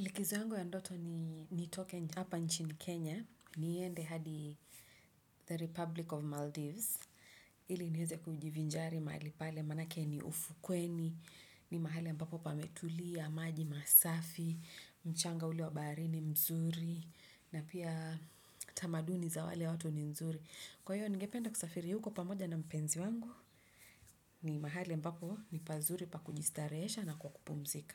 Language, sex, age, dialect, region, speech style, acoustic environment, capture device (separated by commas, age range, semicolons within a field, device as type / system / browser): Swahili, female, 35-44, Kenya - Nairobi Sheng-influenced, Kenya, relaxed, nature; silence, desktop / Windows / Chrome